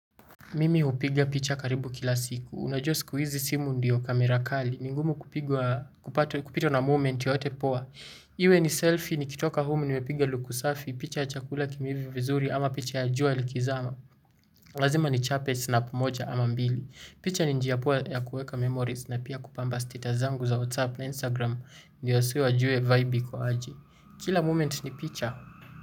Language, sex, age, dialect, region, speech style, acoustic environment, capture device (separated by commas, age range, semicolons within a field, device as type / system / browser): Swahili, male, 18-24, Kenya - Nairobi Sheng-influenced, Kenya, relaxed, silence, desktop / Linux / Chrome